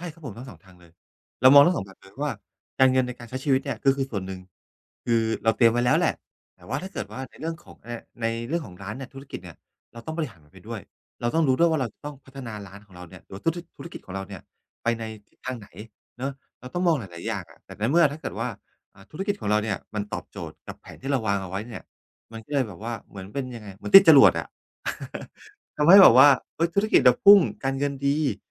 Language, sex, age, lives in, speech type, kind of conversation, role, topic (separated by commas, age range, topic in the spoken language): Thai, male, 45-49, Thailand, conversation, podcast, guest, คุณวางแผนการเงินอย่างไร ก่อนตัดสินใจเปลี่ยนงานครั้งใหญ่?